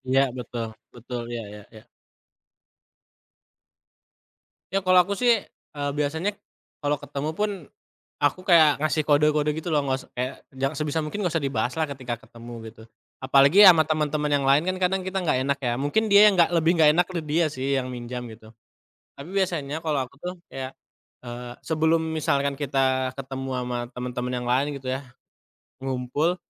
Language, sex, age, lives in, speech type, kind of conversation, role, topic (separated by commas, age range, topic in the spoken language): Indonesian, male, 25-29, Indonesia, conversation, unstructured, none, Bagaimana perasaan Anda ketika teman dekat menolak mengembalikan uang pinjaman?